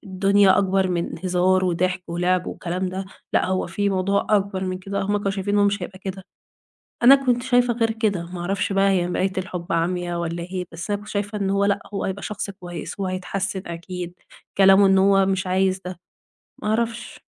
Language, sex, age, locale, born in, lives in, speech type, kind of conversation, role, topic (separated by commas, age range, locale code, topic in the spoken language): Arabic, female, 20-24, ar-EG, Egypt, Egypt, conversation, advice, user, إزاي أتعامل مع انفصال حصل فجأة ومع القلق بخصوص علاقاتي اللي جاية؟